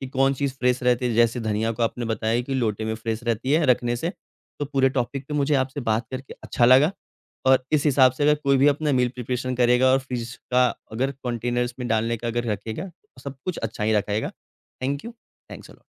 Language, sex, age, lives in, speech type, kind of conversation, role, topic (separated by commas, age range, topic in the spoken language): Hindi, male, 25-29, India, conversation, podcast, host, Meal planning aur fridge organisation tum kaise karte ho?